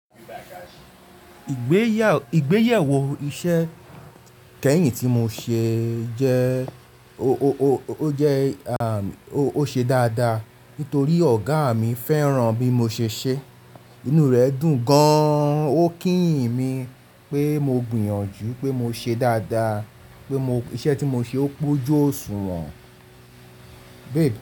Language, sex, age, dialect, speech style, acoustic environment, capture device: Yoruba, male, 35-44, Nigeria - Lagos Yoruba, happy, people talking; nature, mobile / Linux / Chrome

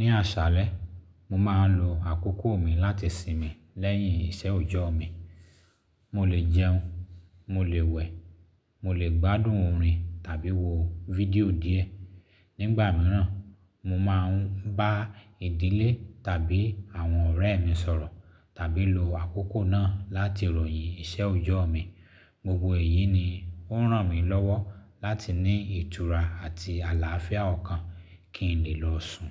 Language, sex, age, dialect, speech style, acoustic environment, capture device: Yoruba, male, 35-44, Nigeria - Lagos Yoruba, happy; excited; relaxed, silence, mobile / Linux / Chrome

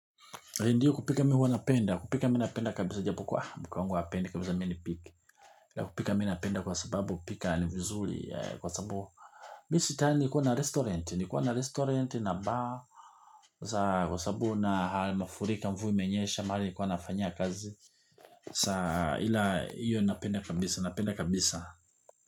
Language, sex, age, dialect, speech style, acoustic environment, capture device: Swahili, male, 45-54, Tanzania - Dar es Salaam, relaxed, people talking, mobile / Linux / Chrome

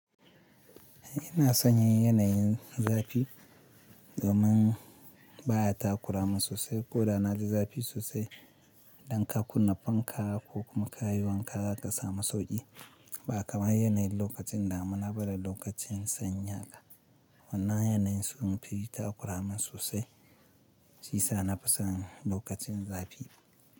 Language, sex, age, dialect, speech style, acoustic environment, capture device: Hausa, male, 25-34, Nigeria - Kano, focused, silence, mobile / Linux / Chrome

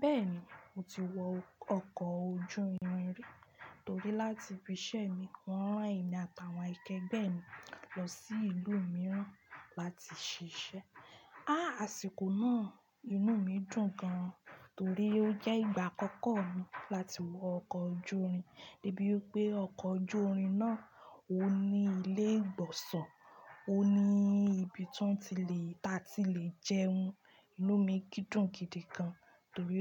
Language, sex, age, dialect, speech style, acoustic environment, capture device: Yoruba, female, 25-34, Nigeria - Ibadan, happy, construction, desktop / Linux / Chrome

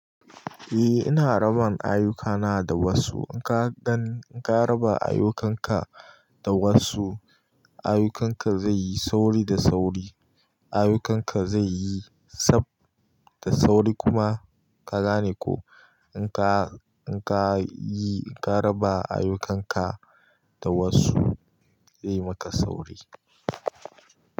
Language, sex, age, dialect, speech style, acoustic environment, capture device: Hausa, male, 18-24, Nigeria - Kano, relaxed, nature, mobile / Linux / Chrome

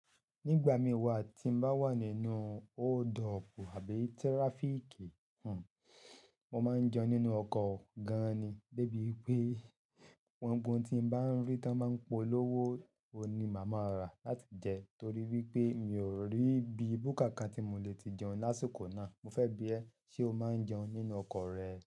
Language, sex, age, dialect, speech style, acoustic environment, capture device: Yoruba, male, 35-44, Nigeria - Ibadan, happy; relaxed; excited; focused, silence, mobile / Linux / Chrome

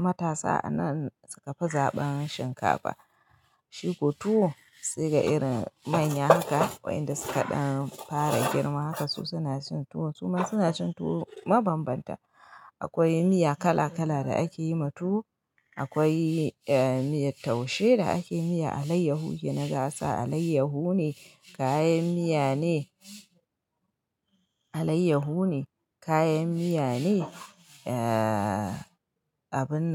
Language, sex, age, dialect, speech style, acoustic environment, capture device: Hausa, female, 25-34, Nigeria - Kano, happy, silence, mobile / Linux / Chrome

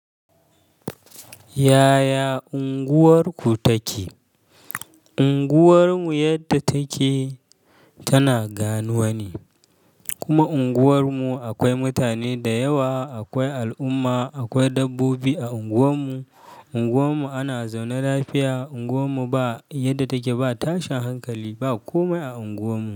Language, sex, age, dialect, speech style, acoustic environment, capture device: Hausa, male, 25-34, Nigeria - Kano, happy, nature, mobile / Linux / Chrome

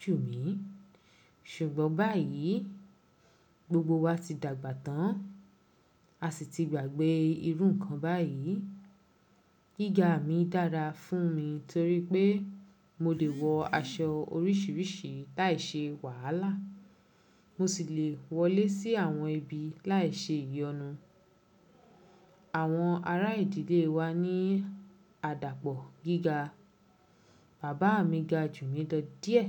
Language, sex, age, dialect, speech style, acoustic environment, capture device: Yoruba, female, 25-34, Nigeria - Lagos Yoruba, happy; focused, nature, mobile / Linux / Chrome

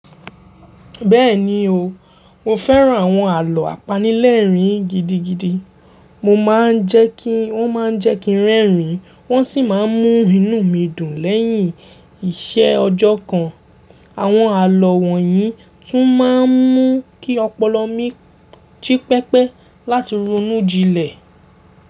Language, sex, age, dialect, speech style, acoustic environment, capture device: Yoruba, male, 18-24, Nigeria - Lagos Yoruba, happy; focused, people talking; appliances, mobile / Linux / Chrome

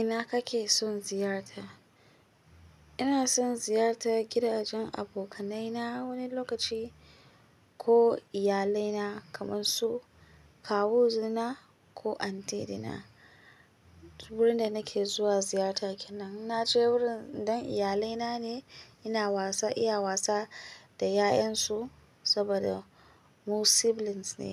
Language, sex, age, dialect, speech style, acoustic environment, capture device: Hausa, female, 25-34, Nigeria - Kano, relaxed, silence, mobile / Linux / Chrome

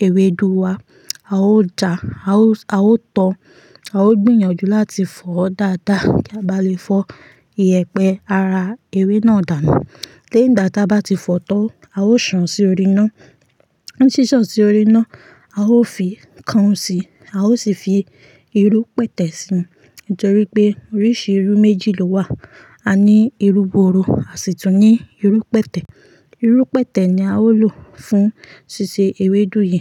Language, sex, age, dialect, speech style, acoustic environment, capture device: Yoruba, female, 25-34, Nigeria - Ibadan, relaxed; focused, silence, mobile / Linux / Chrome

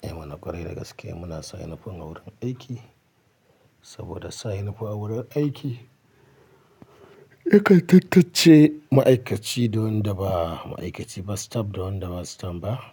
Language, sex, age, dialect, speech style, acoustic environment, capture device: Hausa, male, 35-44, Nigeria - Kano, happy, silence, mobile / Linux / Chrome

